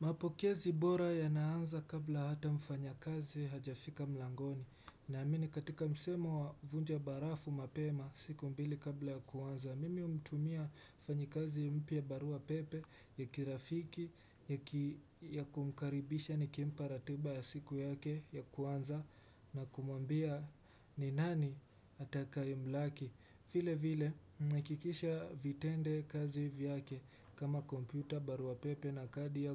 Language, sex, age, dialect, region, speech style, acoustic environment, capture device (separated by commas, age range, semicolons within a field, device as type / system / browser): Swahili, male, 18-24, Kenya - Mombasa, Kenya, relaxed; focused, silence, desktop / Windows / Chrome